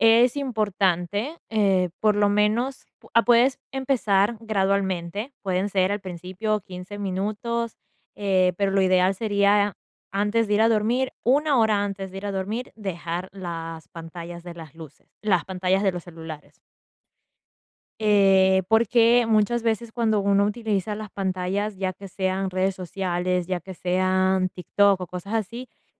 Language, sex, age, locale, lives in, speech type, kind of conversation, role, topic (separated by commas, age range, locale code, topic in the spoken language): Spanish, female, 20-24, es-EC, United States, conversation, advice, advisor, ¿Cómo puedo mantener un horario de sueño y despertar más regular?